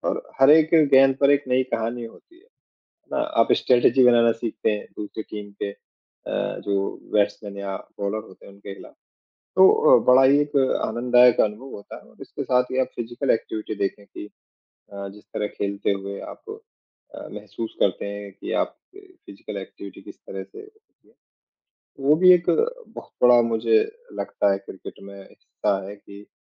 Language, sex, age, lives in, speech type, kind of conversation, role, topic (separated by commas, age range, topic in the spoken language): Hindi, male, 35-39, India, conversation, unstructured, none, आपका पसंदीदा खेल कौन सा है और क्यों?